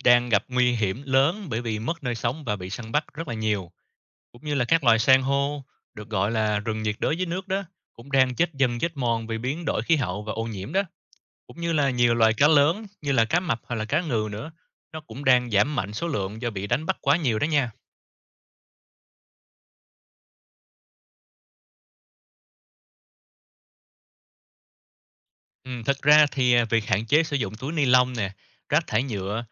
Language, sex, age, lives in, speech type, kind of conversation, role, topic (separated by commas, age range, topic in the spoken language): Vietnamese, male, 30-34, Vietnam, read, expert-assistant, expert, Vì sao cần bảo vệ biển và đại dương?